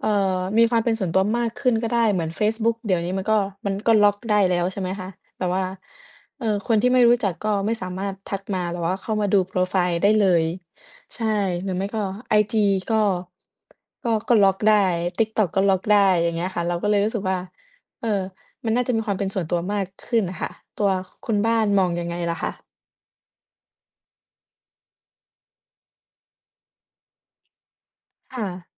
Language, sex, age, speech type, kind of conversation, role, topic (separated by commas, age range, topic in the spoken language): Thai, female, 20-24, conversation, unstructured, none, เทคโนโลยีทำลายความเป็นส่วนตัวของเรามากแค่ไหน?